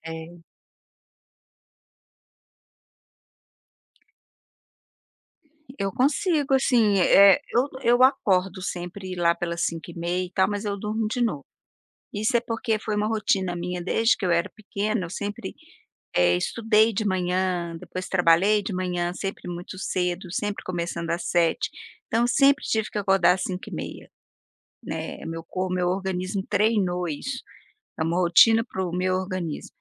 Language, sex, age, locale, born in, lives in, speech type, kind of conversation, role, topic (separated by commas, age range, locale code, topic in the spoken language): Portuguese, female, 55-59, pt-BR, Brazil, United States, conversation, unstructured, none, Qual é a sua opinião sobre fazer exercícios de manhã em comparação com a noite?